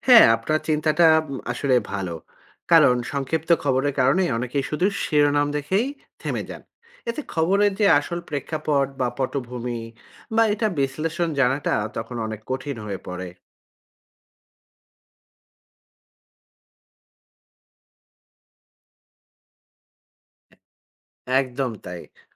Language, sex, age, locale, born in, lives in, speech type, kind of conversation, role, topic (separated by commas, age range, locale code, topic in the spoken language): Bengali, male, 40-44, bn-BD, Bangladesh, Finland, read, expert-assistant, expert, ডিজিটাল মিডিয়া কীভাবে আমাদের খবর পড়ার ও বোঝার অভ্যাস বদলে দিয়েছে—এই পরিবর্তনের পেছনের কারণগুলো কী?